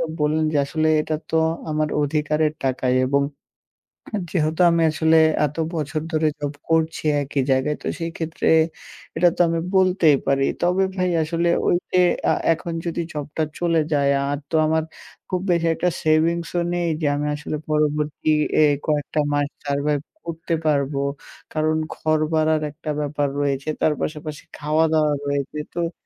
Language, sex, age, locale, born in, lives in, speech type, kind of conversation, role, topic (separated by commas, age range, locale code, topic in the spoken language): Bengali, male, 18-19, bn-BD, Bangladesh, Bangladesh, conversation, advice, user, ম্যানেজারের সঙ্গে বেতন বাড়ানোর জন্য কীভাবে আলোচনা করব?